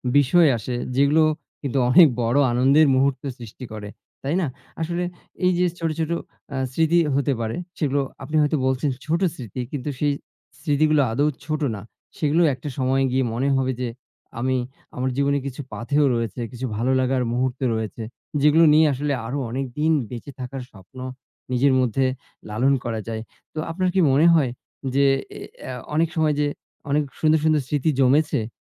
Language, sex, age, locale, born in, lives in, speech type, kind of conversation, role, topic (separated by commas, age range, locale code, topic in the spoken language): Bengali, male, 40-44, bn-BD, Bangladesh, Bangladesh, conversation, unstructured, none, তোমার মতে সম্পর্কের সবচেয়ে মধুর স্মৃতি কোনটি?